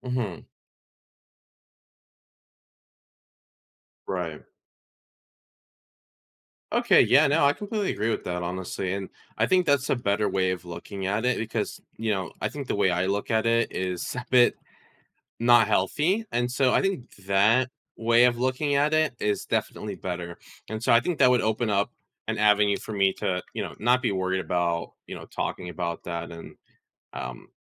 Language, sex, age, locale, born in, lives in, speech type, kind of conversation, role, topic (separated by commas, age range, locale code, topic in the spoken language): English, male, 20-24, en-US, United States, United States, conversation, advice, user, How can I start deeper conversations?